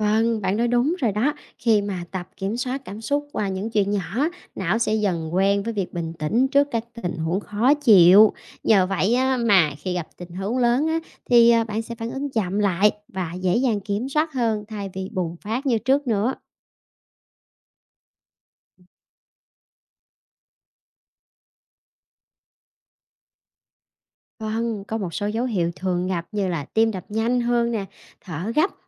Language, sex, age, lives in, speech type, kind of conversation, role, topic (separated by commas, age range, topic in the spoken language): Vietnamese, female, 30-34, Vietnam, read, expert-assistant, expert, Làm thế nào để kiểm soát cảm xúc khi tức giận?